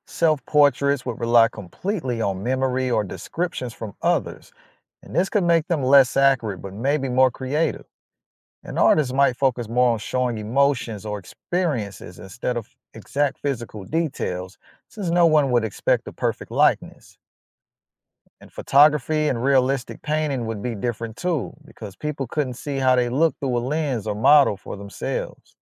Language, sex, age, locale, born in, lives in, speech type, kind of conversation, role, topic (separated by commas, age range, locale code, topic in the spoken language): English, male, 35-39, en-US, United States, United States, read, expert-assistant, expert, How would life change without mirrors or reflections?